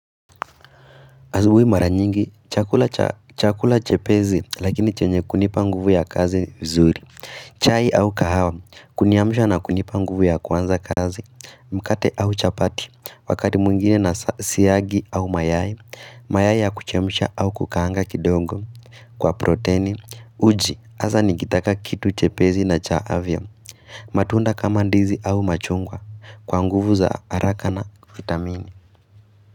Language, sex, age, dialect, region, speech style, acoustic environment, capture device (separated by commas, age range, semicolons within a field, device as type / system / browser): Swahili, female, 18-24, Kenya - Nairobi Sheng-influenced, Kenya, happy; relaxed, silence, mobile / Linux / Chrome